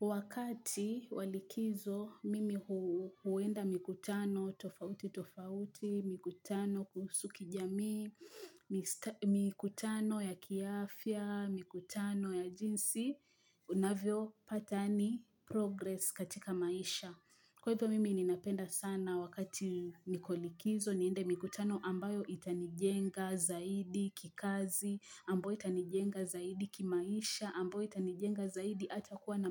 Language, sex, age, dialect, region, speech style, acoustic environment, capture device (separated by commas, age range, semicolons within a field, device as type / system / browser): Swahili, female, 25-34, Kenya - Mombasa, Kenya, happy, people talking; nature, mobile / Linux / Chrome